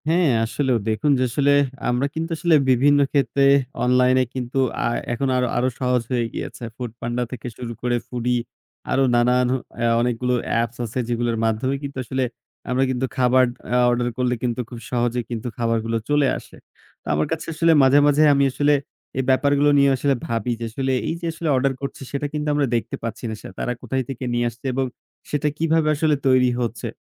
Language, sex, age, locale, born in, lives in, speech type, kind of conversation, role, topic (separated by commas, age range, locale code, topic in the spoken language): Bengali, male, 20-24, bn-BD, Bangladesh, Bangladesh, conversation, unstructured, none, আপনি কি মনে করেন দ্রুত প্রস্তুত খাবার আমাদের স্বাস্থ্যকে ক্ষতিগ্রস্ত করছে?